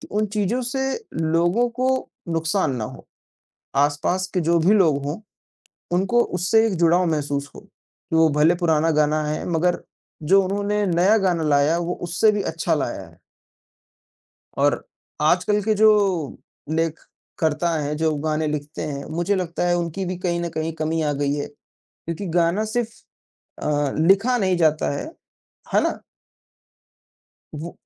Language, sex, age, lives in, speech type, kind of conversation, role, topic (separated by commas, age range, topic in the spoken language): Hindi, male, 20-24, India, conversation, unstructured, none, कौन सा पुराना गाना सुनकर आपकी पुरानी यादें ताज़ा हो जाती हैं?